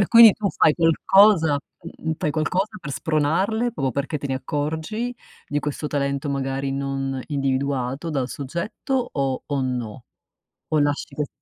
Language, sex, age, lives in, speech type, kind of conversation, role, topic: Italian, female, 50-54, United States, conversation, podcast, host, Parla di una persona che ti ha davvero ispirato, sai?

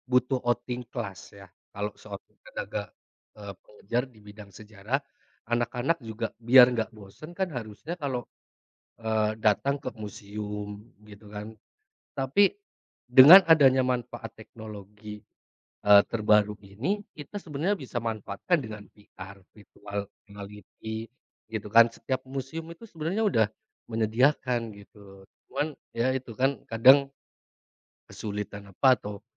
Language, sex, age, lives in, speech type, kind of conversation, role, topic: Indonesian, male, 30-34, Indonesia, conversation, unstructured, none, Apa perubahan positif yang kamu rasakan berkat teknologi terbaru?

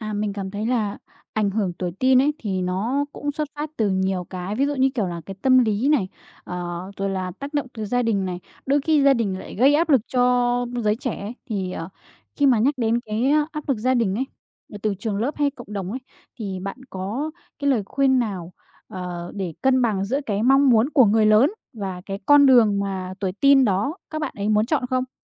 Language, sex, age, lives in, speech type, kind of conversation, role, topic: Vietnamese, female, 20-24, Vietnam, conversation, podcast, host, Bạn sẽ khuyên bản thân tuổi teen điều gì, thật lòng?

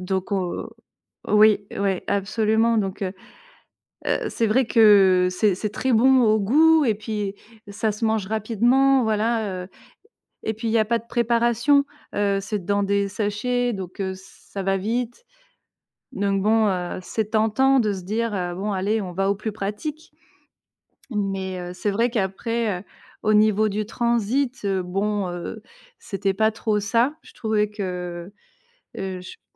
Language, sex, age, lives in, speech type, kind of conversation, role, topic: French, female, 35-39, France, conversation, podcast, guest, Quel est ton petit-déjeuner type, si tu en as un ?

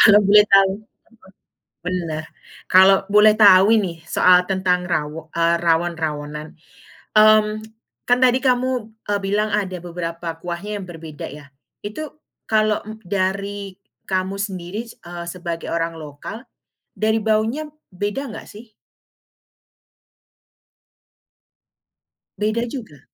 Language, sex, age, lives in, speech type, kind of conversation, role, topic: Indonesian, female, 35-39, United States, conversation, podcast, host, Rasa atau aroma apa yang langsung membuat kamu merasa seperti pulang ke rumah?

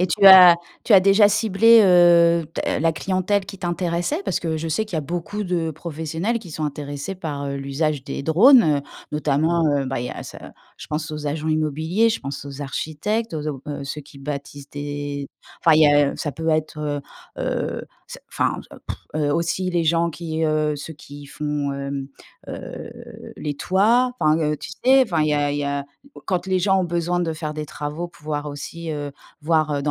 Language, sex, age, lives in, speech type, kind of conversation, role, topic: French, female, 45-49, France, conversation, advice, advisor, Envisagez-vous de reprendre des études ou de vous reconvertir professionnellement à l’âge adulte, et pour quelles raisons ?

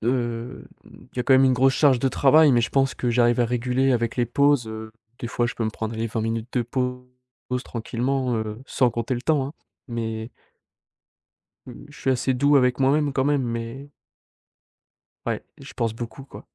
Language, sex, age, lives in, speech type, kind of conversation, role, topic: French, male, 18-19, France, conversation, advice, user, Pourquoi ai-je du mal à me détendre avant de me coucher, avec des pensées qui tournent en boucle ?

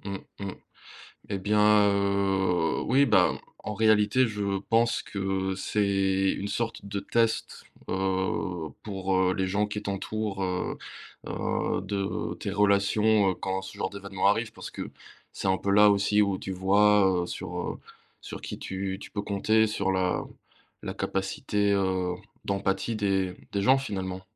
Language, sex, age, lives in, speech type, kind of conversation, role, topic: French, male, 25-29, France, conversation, unstructured, none, Que fais-tu quand quelqu’un minimise ta douleur après une perte ?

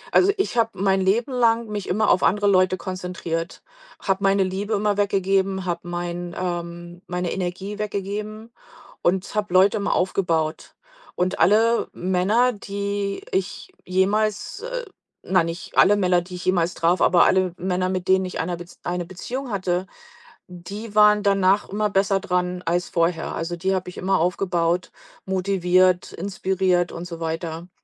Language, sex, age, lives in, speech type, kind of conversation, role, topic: German, female, 45-49, United States, conversation, podcast, guest, Wie kann man Vertrauen zwischen Partnern aufbauen?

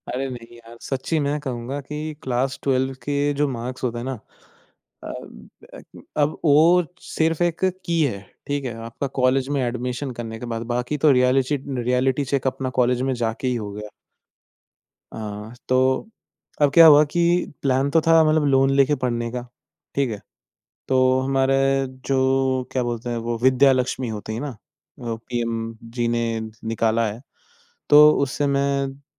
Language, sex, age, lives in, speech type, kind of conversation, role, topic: Hindi, male, 20-24, India, conversation, podcast, guest, Jab progress bahut dheemi ho, tum khud ko motivated kaise rakhte ho?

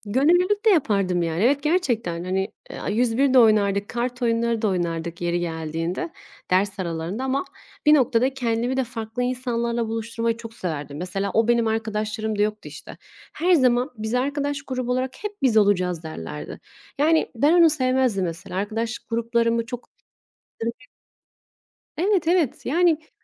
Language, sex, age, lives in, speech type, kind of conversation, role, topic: Turkish, female, 25-29, Italy, conversation, unstructured, none, Hobiler sosyal hayatına nasıl katkıda bulunuyor?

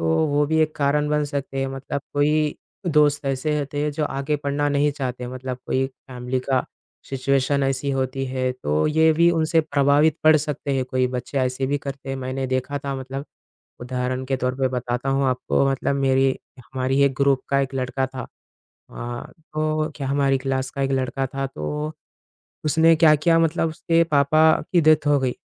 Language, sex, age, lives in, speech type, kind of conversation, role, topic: Hindi, male, 20-24, India, conversation, unstructured, none, आप अपने भविष्य के लिए सबसे बड़ा लक्ष्य क्या रखते हैं?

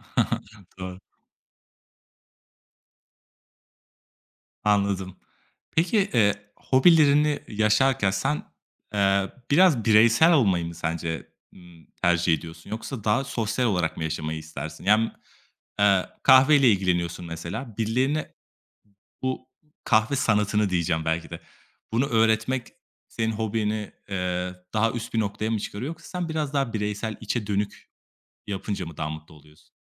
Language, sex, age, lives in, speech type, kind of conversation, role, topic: Turkish, male, 25-29, Italy, conversation, podcast, host, Bir hobiye yeniden dönmek ruh halimizi nasıl etkiler?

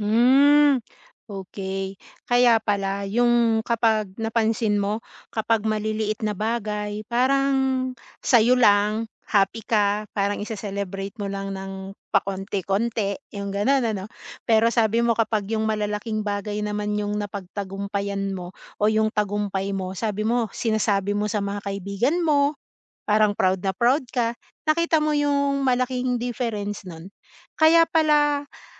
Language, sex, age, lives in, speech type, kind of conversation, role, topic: Filipino, female, 40-44, Philippines, conversation, advice, advisor, Paano ko mapapanatili ang motibasyon kapag mabagal ang pag-usad ko patungo sa layunin?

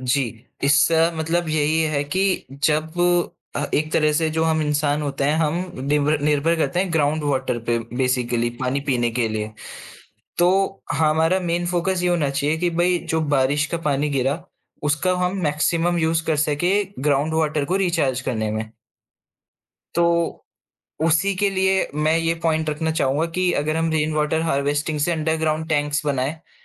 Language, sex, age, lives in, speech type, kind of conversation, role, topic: Hindi, male, 20-24, India, conversation, podcast, guest, Rozmarra zindagi mein paani bachane ke kuchh simple tareeke kya hain?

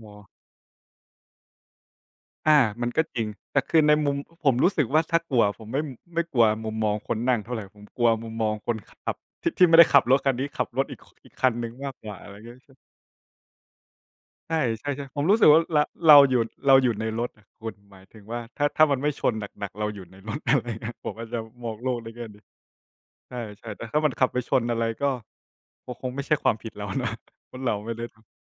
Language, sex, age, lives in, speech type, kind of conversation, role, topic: Thai, male, 25-29, Thailand, conversation, unstructured, none, คุณรู้สึกอย่างไรกับการที่หุ่นยนต์จะเข้ามาแทนที่งานของคน?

